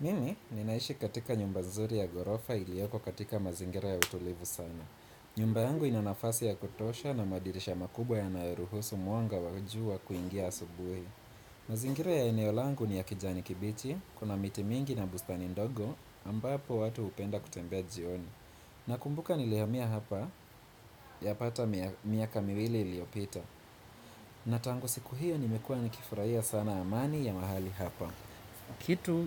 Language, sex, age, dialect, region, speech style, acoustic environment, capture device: Swahili, male, 25-34, Kenya - Nairobi Sheng-influenced, Kenya, relaxed, people talking; nature, desktop / Windows / Chrome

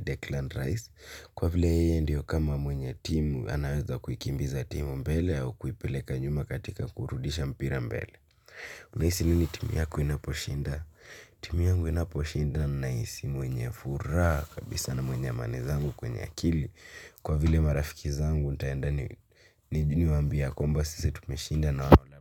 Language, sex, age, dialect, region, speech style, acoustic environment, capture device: Swahili, male, 18-24, Kenya - Nairobi Sheng-influenced, Kenya, focused; excited; happy; relaxed, silence, mobile / Linux / Chrome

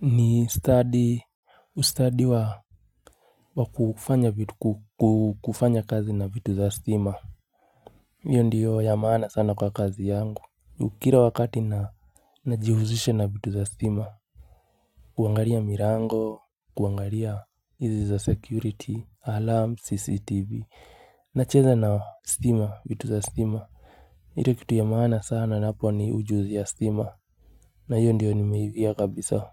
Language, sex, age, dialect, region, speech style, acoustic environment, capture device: Swahili, male, 25-34, Kenya - Nairobi Sheng-influenced, Kenya, relaxed, silence, mobile / Linux / Chrome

